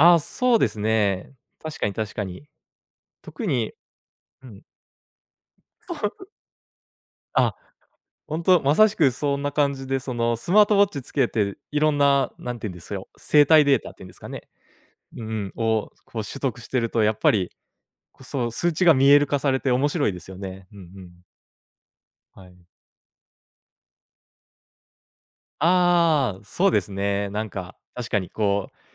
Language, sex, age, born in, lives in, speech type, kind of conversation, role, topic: Japanese, male, 30-34, Japan, Japan, conversation, podcast, guest, 睡眠の質を上げるために、どんな工夫をしていますか？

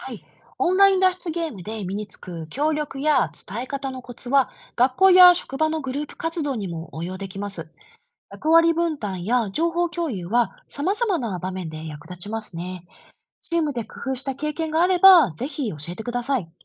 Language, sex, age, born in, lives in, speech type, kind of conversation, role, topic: Japanese, female, 35-39, Japan, Japan, read, expert-assistant, expert, スマートフォンやSNSの普及で、私たちの言葉や表現はどのように変わっていく可能性があるでしょうか？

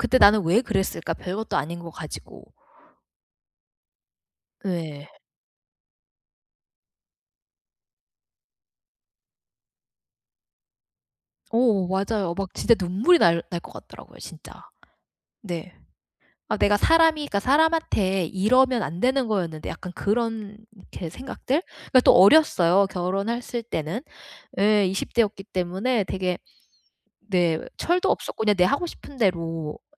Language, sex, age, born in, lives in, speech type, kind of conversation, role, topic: Korean, female, 40-44, United States, United States, conversation, advice, user, 이별로 인한 죄책감과 후회를 어떻게 다룰 수 있을까요?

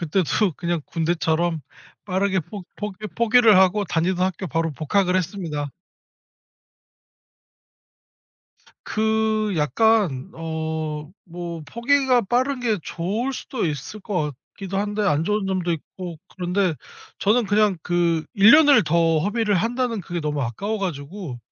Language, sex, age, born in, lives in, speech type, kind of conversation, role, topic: Korean, male, 30-34, South Korea, South Korea, conversation, podcast, guest, 실패나 좌절을 어떻게 극복하셨나요?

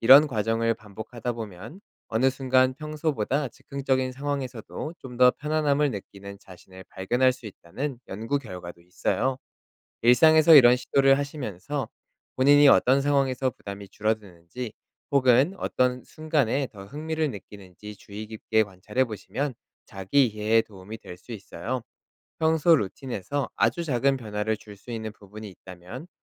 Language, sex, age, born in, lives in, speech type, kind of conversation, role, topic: Korean, male, 30-34, South Korea, South Korea, read, expert-assistant, expert, 즉흥적인 여행과 계획적인 여행 중 어떤 방식이 자기 이해에 더 도움이 될까요?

